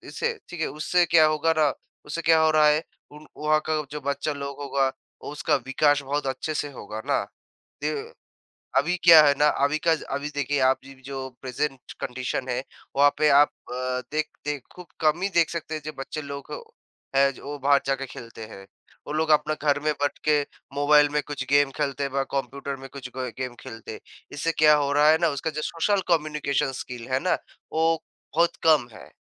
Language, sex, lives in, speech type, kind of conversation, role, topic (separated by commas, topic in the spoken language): Hindi, male, India, conversation, unstructured, none, आपके हिसाब से खेल किस तरह जीवन को बदल सकते हैं?